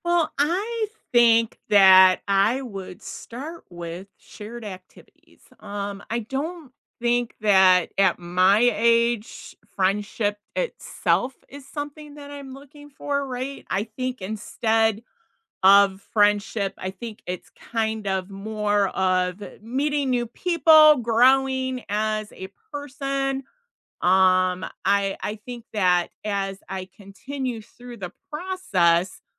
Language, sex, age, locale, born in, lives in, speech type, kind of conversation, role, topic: English, female, 55-59, en-US, United States, United States, conversation, unstructured, none, What is a good way to make new friends as an adult?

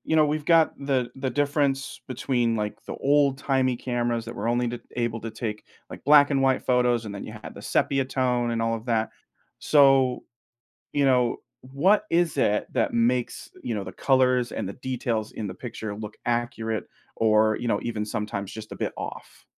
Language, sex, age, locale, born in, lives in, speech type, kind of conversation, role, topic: English, male, 30-34, en-US, United States, United States, read, expert-assistant, asker, How do cameras capture clear and accurate photos in different conditions?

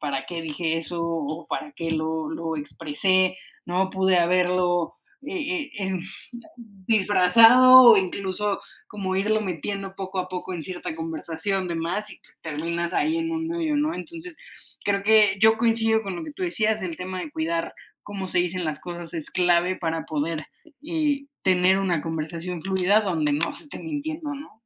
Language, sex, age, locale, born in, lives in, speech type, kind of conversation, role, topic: Spanish, female, 30-34, es-MX, Mexico, Mexico, conversation, unstructured, none, ¿Crees que siempre está bien decir la verdad, aunque duela?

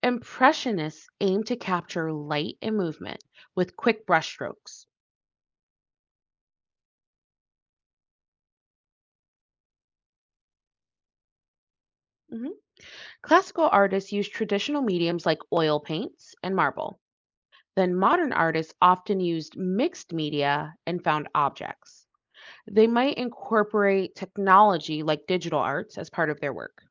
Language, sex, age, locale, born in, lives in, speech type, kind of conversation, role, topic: English, female, 25-29, en-US, United States, United States, read, expert-assistant, expert, What are the differences between classical and modern art?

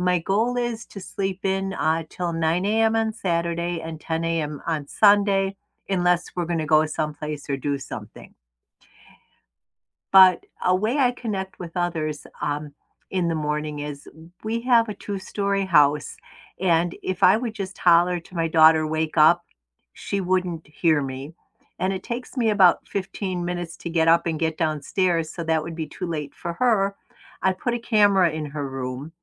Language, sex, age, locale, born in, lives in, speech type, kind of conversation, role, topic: English, female, 65-69, en-US, United States, United States, conversation, unstructured, none, How can you create a morning routine you genuinely look forward to—and that strengthens your connections with others?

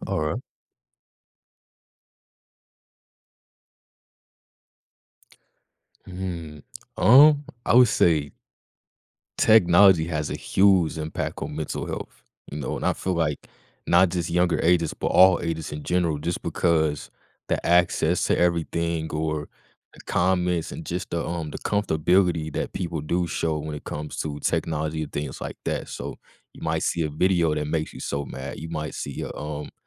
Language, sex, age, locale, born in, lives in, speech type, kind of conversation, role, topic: English, male, 20-24, en-US, United States, United States, conversation, podcast, guest, How does technology affect mental health?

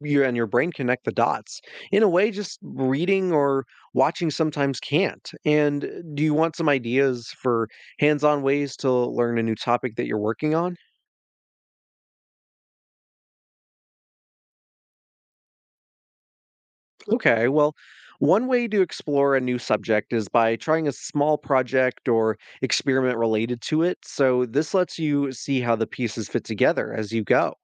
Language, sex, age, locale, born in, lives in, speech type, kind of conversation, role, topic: English, male, 20-24, en-US, United States, United States, read, expert-assistant, expert, What are the advantages of learning through hands-on experiences?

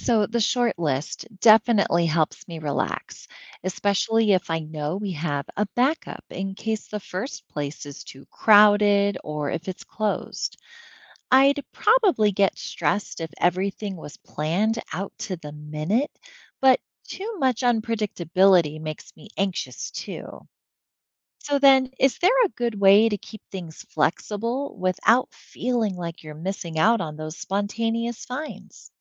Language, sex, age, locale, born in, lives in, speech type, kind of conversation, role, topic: English, female, 40-44, en-US, United States, United States, read, expert-assistant, asker, How would you find common ground when you and a friend or partner have different vacation styles and need to compromise?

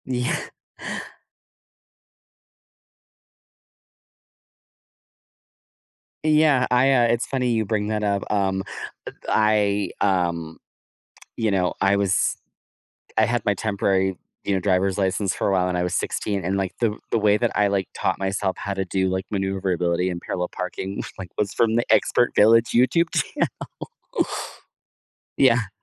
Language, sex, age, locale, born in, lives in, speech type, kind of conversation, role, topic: English, male, 30-34, en-US, United States, United States, conversation, podcast, host, How is technology shaping the way we learn and teach today?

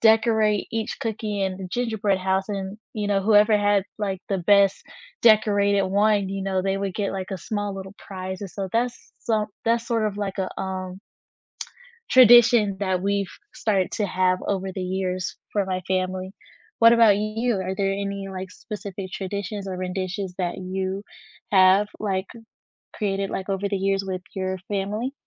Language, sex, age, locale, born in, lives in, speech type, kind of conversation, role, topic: English, female, 20-24, en-US, United States, United States, conversation, unstructured, none, Which comfort food do you turn to, and what memories, people, or traditions shape its story?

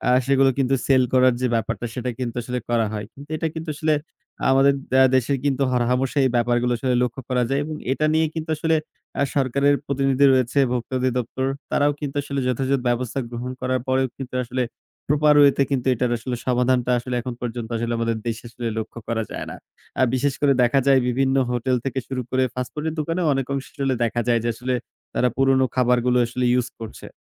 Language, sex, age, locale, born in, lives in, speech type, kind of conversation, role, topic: Bengali, male, 20-24, bn-BD, Bangladesh, Bangladesh, conversation, unstructured, none, আপনি কি মনে করেন দ্রুত প্রস্তুত খাবার আমাদের স্বাস্থ্যকে ক্ষতিগ্রস্ত করছে?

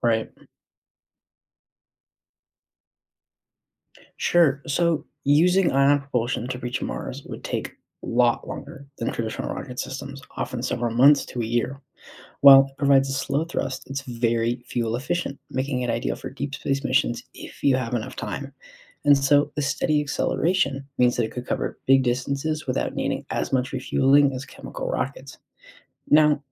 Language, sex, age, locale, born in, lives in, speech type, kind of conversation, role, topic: English, male, 20-24, en-US, United States, United States, read, expert-assistant, expert, How do rockets launch into space?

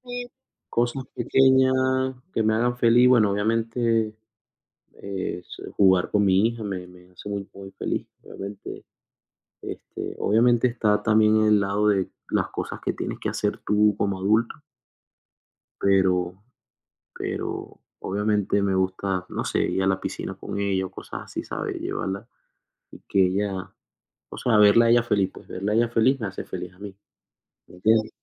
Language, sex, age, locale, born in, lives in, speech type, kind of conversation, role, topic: Spanish, male, 30-34, es-VE, Venezuela, United States, conversation, unstructured, none, ¿Cómo defines la felicidad en tu vida diaria?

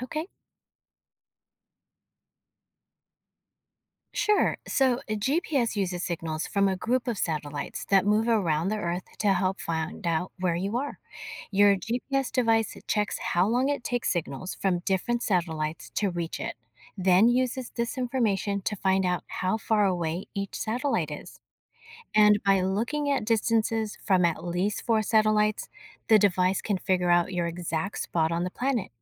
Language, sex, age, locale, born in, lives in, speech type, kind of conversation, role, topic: English, female, 50-54, en-US, United States, United States, read, expert-assistant, expert, How does a GPS know your location?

